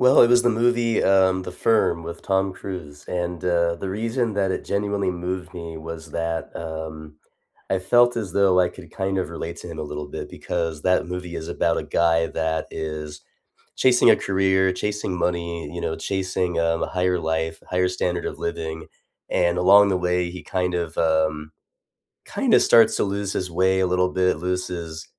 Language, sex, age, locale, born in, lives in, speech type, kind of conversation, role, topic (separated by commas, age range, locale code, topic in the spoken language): English, male, 30-34, en-US, United States, United States, conversation, unstructured, none, What was the last movie, show, or book that genuinely moved you, and what about it resonated?